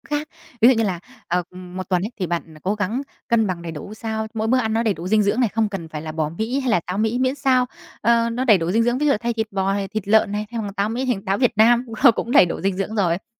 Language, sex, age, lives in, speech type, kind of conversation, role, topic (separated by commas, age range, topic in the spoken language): Vietnamese, female, 20-24, Vietnam, conversation, advice, advisor, Làm sao để không còn cảm thấy tội lỗi khi tiêu tiền cho bản thân?